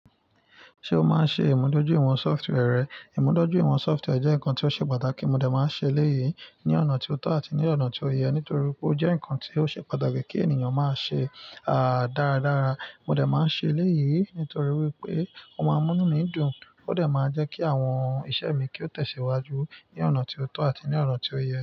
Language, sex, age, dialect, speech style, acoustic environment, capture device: Yoruba, male, 25-34, Nigeria - Nigerian Standard, excited, nature, mobile / Linux / Chrome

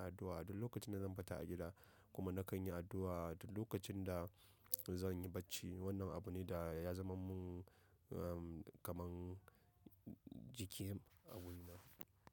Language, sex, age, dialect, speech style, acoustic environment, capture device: Hausa, male, 25-34, Nigeria - Nigerian Standard, happy; nervous; focused, people talking, mobile / macOS / Safari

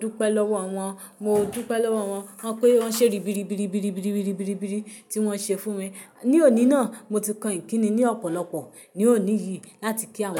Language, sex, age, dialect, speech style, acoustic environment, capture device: Yoruba, female, 25-34, Nigeria - Ibadan, happy; relaxed; excited; focused, silence, mobile / Linux / Chrome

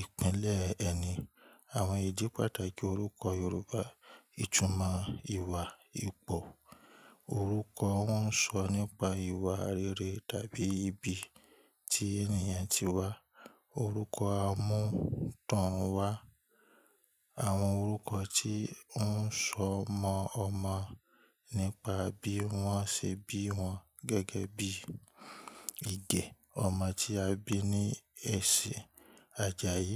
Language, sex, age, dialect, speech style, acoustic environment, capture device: Yoruba, male, 25-34, Nigeria - Ibadan, happy; relaxed, people talking; nature, mobile / Linux / Chrome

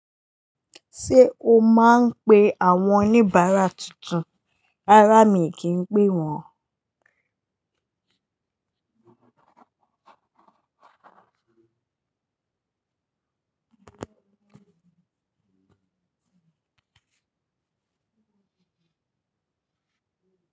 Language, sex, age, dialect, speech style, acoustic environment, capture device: Yoruba, female, 18-24, Nigeria - Lagos Yoruba, anxious; happy; focused; tired, people talking, mobile / Linux / Chrome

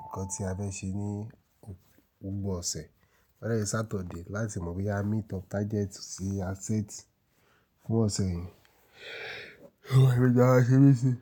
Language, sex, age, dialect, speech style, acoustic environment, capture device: Yoruba, male, 35-44, Nigeria - Nigerian Standard, relaxed, silence, mobile / Linux / Chrome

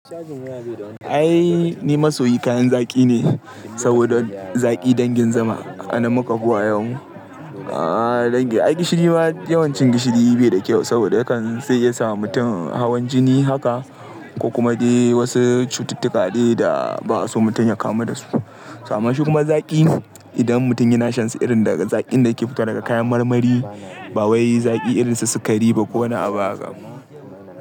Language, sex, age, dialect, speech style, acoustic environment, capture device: Hausa, male, 18-24, Nigeria - Kano, happy, people talking, mobile / Linux / Chrome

